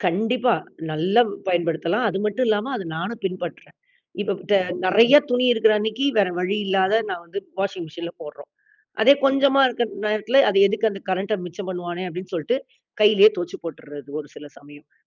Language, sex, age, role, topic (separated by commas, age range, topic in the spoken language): Tamil, female, 40-44, guest, மின்சாரத்தைச் சேமிக்க நீங்கள் என்னென்ன வழிகளைப் பின்பற்றுகிறீர்கள், பயனுள்ள குறிப்புகள் ஏதேனும் உள்ளதா?